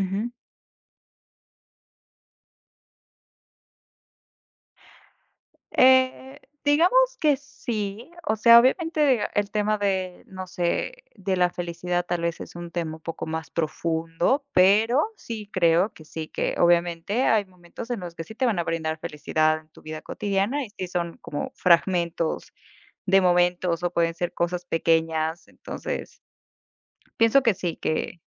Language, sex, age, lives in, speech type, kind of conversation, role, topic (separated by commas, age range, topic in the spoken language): Spanish, female, 25-29, United States, conversation, unstructured, none, ¿Cómo defines la felicidad en tu vida cotidiana?